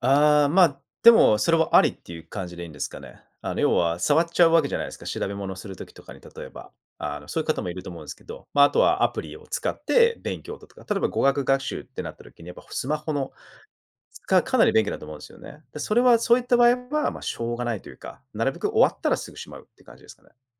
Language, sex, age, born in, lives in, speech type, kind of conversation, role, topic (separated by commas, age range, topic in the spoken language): Japanese, male, 35-39, Japan, Japan, conversation, podcast, host, 通知やスマホの誘惑を、あなたはどのように断っていますか？